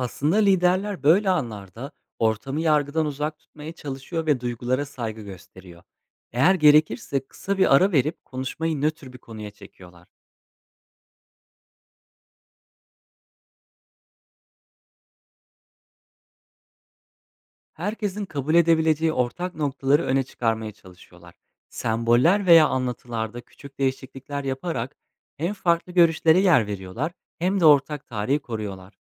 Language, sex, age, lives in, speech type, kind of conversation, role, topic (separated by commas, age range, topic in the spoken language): Turkish, male, 25-29, Poland, read, expert-assistant, expert, Bir dinin geçmişini düzenli tutmak neden önemliydi ve bu, topluluğu nasıl etkiledi?